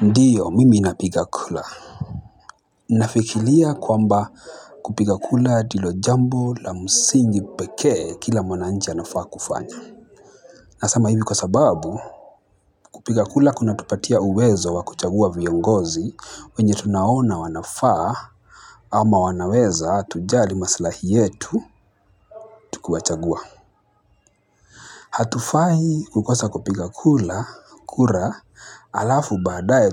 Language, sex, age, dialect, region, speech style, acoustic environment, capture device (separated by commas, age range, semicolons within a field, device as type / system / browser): Swahili, male, 25-34, Kenya - Mombasa, Kenya, nervous; excited, people talking; traffic, mobile / Linux / Chrome